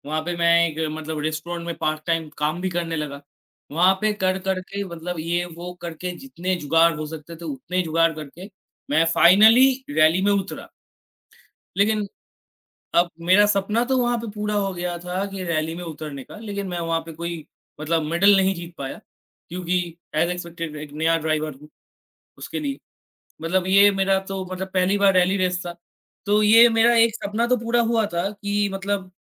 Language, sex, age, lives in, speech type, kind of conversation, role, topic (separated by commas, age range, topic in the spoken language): Hindi, male, 18-19, India, conversation, unstructured, none, आप अपने सपनों को पूरा करने के लिए क्या-क्या त्याग कर सकते हैं?